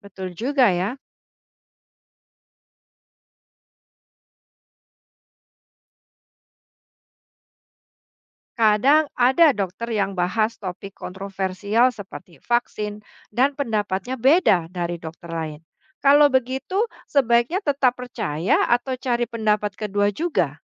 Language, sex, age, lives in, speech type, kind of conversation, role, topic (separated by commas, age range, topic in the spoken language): Indonesian, female, 45-49, Indonesia, read, expert-assistant, asker, Bagaimana Cara Memastikan Kebenaran Informasi Kesehatan di Internet?